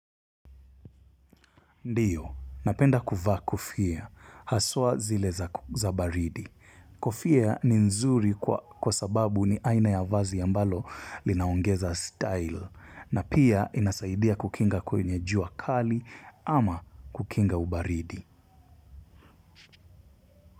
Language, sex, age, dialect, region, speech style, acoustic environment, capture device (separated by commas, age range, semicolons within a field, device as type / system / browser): Swahili, male, 25-34, Kenya - Mombasa, Kenya, relaxed, silence, mobile / Linux / Chrome